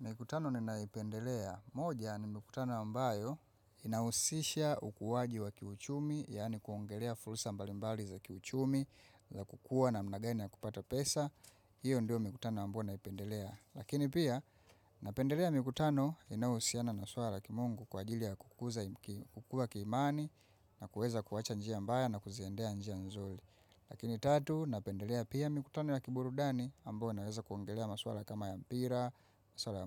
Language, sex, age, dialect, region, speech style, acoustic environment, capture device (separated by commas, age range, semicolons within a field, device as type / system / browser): Swahili, female, 25-34, Tanzania - Dar es Salaam, Tanzania, focused; excited; relaxed, silence, mobile / macOS / Safari